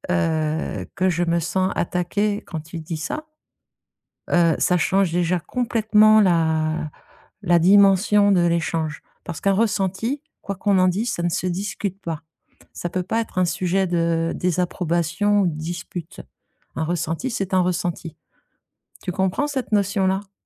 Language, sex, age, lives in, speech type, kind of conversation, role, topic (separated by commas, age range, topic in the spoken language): French, female, 50-54, France, conversation, advice, advisor, Comment puis-je accueillir des retours sans me braquer et rester ouvert ?